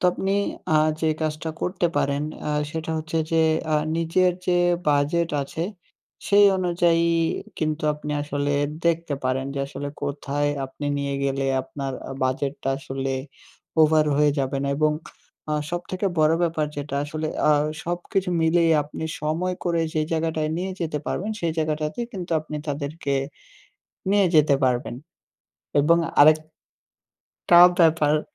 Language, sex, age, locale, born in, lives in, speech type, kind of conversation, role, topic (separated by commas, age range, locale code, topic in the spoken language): Bengali, male, 18-19, bn-BD, Bangladesh, Bangladesh, conversation, advice, advisor, ছোট অর্জনগুলো কীভাবে সহজে উদযাপন করতে পারি?